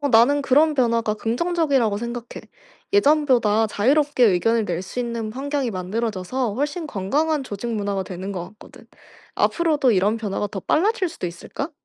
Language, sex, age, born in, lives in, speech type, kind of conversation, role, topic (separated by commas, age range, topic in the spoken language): Korean, female, 25-29, South Korea, France, read, expert-assistant, asker, 한국에서 좋은 리더란 어떤 사람일까요? 다른 나라와 비교해 보면 어떻게 다를까요?